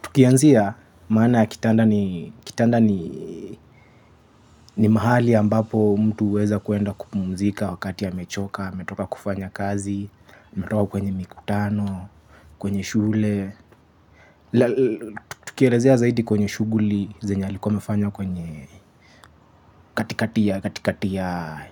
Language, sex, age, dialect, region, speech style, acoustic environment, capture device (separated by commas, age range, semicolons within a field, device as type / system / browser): Swahili, male, 25-34, Kenya - Mombasa, Kenya, happy, construction, mobile / Linux / Chrome